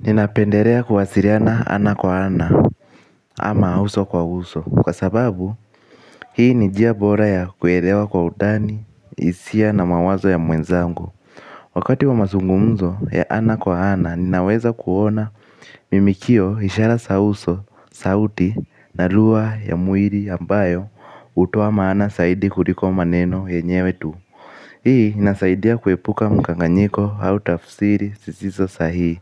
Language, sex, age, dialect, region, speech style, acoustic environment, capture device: Swahili, male, 18-24, Kenya - Nairobi Sheng-influenced, Kenya, excited; focused, nature, mobile / Linux / Chrome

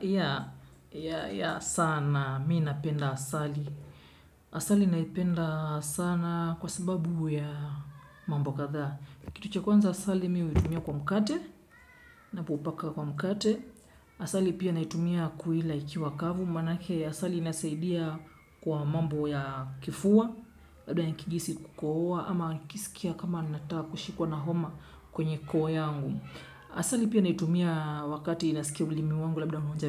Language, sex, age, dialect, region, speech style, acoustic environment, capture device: Swahili, male, 25-34, Kenya - Mombasa, Kenya, relaxed, nature, desktop / Windows / Chrome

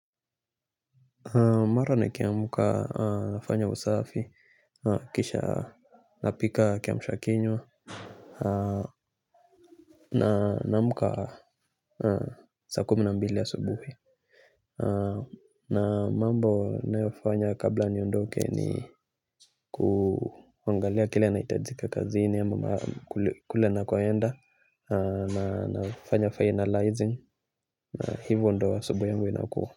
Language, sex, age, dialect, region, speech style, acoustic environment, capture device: Swahili, male, 25-34, Kenya - Nairobi Sheng-influenced, Kenya, relaxed, silence, mobile / Linux / Chrome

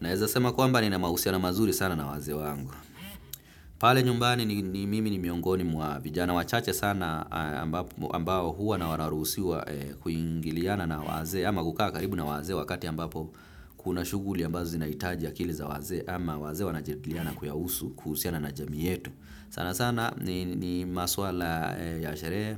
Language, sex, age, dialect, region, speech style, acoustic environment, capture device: Swahili, male, 25-34, Kenya - Nairobi Sheng-influenced, Kenya, relaxed, traffic; appliances, desktop / Windows / Firefox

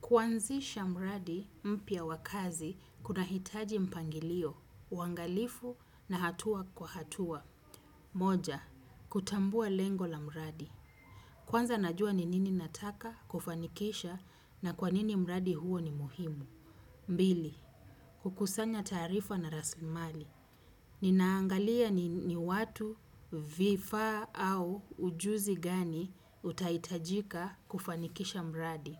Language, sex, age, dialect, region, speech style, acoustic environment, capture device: Swahili, female, 35-44, Kenya - Mombasa, Kenya, happy, silence, desktop / Windows / Chrome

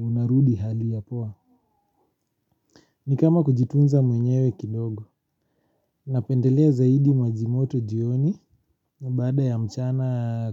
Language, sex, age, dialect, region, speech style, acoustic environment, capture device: Swahili, male, 25-34, Kenya - Nairobi Sheng-influenced, Kenya, relaxed, silence; nature, mobile / Linux / Chrome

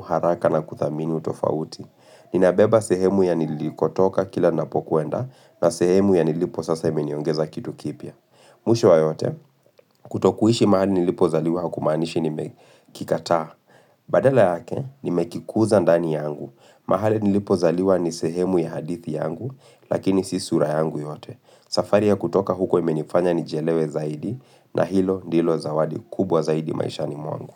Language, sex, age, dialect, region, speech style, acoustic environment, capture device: Swahili, male, 25-34, Kenya - Nairobi Sheng-influenced, Kenya, focused; relaxed, people talking; silence; appliances, mobile / Linux / Chrome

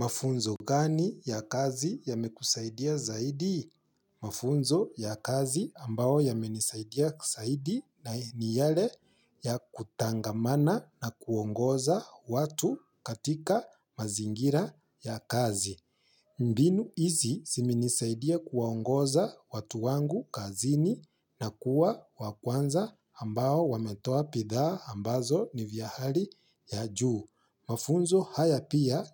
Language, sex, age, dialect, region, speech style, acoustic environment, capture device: Swahili, male, 25-34, Kenya - Nairobi Sheng-influenced, Kenya, excited, silence, mobile / Linux / Chrome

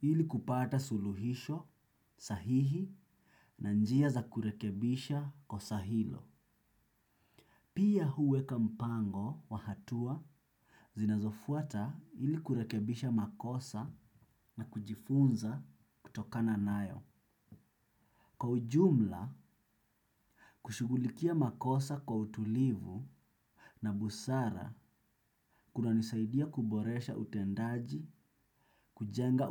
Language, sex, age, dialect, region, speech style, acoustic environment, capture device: Swahili, male, 25-34, Kenya - Mombasa, Kenya, relaxed; focused, silence, desktop / Windows / Chrome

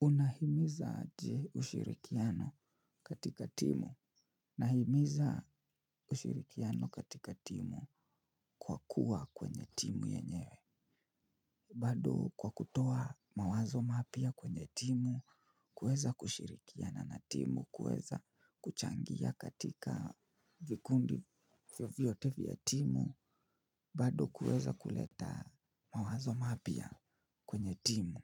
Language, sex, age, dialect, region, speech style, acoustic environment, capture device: Swahili, male, 25-34, Kenya - Nairobi Sheng-influenced, Kenya, relaxed, silence, mobile / Linux / Chrome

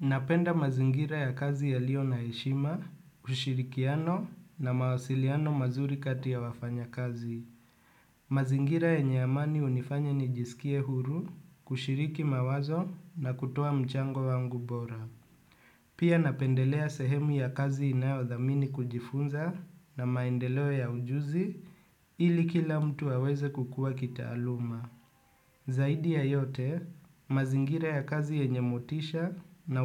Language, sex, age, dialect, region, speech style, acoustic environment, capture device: Swahili, male, 25-34, Kenya - Nairobi Sheng-influenced, Kenya, relaxed, nature; appliances, desktop / Windows / Chrome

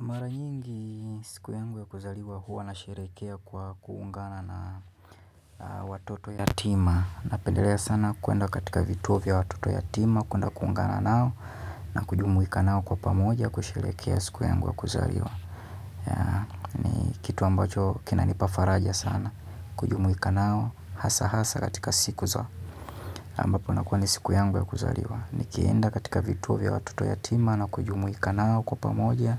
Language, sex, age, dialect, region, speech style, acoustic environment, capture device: Swahili, male, 25-34, Kenya - Nairobi Sheng-influenced, Kenya, relaxed; focused; happy, silence, mobile / Linux / Chrome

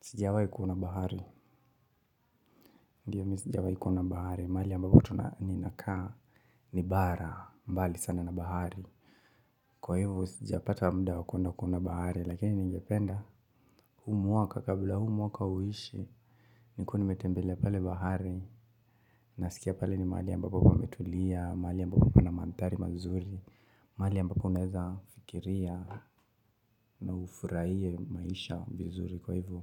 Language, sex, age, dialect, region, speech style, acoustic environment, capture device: Swahili, male, 25-34, Kenya - Mombasa, Kenya, happy, silence, mobile / Linux / Chrome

